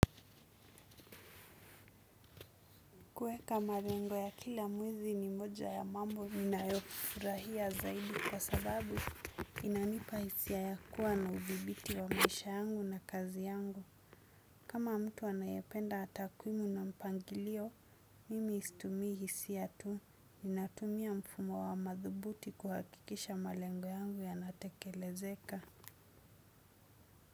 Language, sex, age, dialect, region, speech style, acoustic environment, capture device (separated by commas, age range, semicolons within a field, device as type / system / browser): Swahili, female, 25-34, Kenya - Mombasa, Kenya, focused, silence, desktop / Windows / Chrome